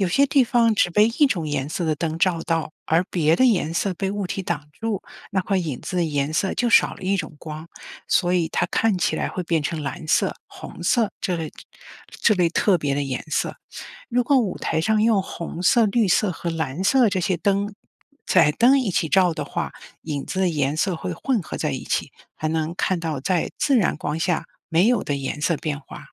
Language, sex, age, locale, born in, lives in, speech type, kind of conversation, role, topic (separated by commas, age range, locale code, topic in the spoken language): Chinese, female, 55-59, zh-CN, China, United States, read, expert-assistant, expert, 为什么我们会有影子？